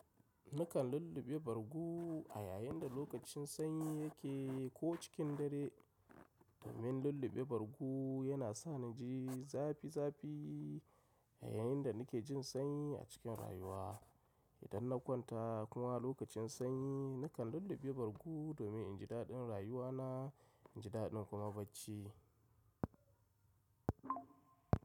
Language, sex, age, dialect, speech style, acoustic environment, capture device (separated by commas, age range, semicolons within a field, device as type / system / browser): Hausa, male, 35-44, Nigeria - Kano, happy; excited, silence, mobile / macOS / Safari